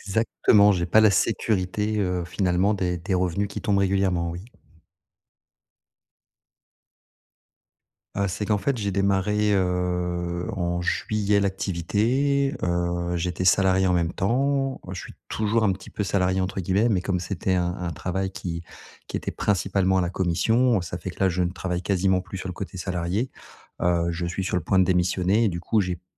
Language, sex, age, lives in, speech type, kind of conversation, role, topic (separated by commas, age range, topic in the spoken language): French, male, 40-44, France, conversation, advice, user, Comment puis-je gérer mon anxiété liée à l’argent au quotidien ?